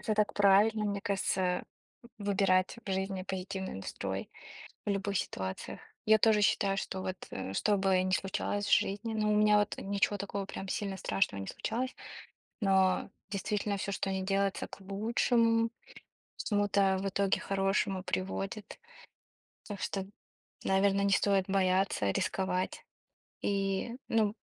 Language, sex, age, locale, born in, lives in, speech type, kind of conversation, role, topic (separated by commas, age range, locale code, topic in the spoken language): Russian, female, 25-29, ru-RU, Russia, United States, conversation, unstructured, none, Что для вас важнее при достижении целей: стабильность или риск?